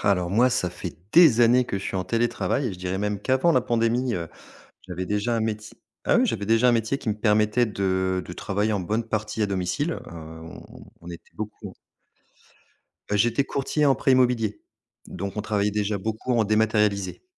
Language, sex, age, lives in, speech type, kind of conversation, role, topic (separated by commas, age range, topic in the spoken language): French, male, 40-44, France, conversation, podcast, guest, Qu’est-ce qui te plaît dans le télétravail, et pourquoi ?